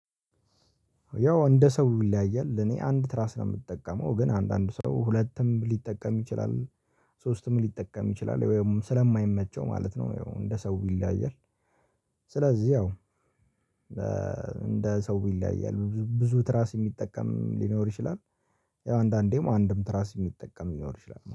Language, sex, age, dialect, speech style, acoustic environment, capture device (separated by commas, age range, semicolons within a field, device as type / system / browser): Amharic, male, 18-24, Ethiopia - Addis Ababa, relaxed, silence, mobile / Linux / Chrome